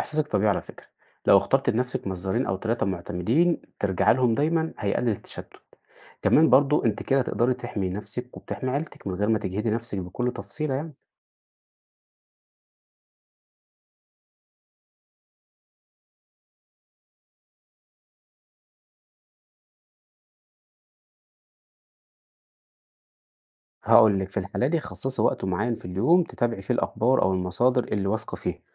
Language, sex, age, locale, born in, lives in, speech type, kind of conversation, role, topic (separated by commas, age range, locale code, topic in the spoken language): Arabic, male, 30-34, ar-EG, Egypt, Portugal, read, expert-assistant, expert, إزاي أقدر أتحقق من صحة المعلومات الطبية اللي بسمعها عن الأمراض المنتشرة زي الكورونا أو الإنفلونزا؟